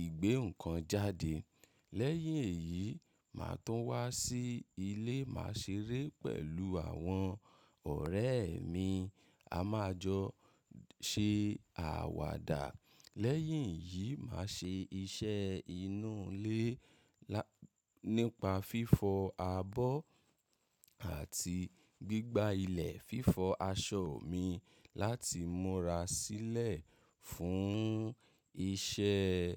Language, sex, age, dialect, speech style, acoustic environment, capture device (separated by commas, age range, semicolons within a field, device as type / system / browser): Yoruba, male, 25-34, Nigeria - Ibadan, happy; excited; focused; relaxed, nature; silence, mobile / macOS / Safari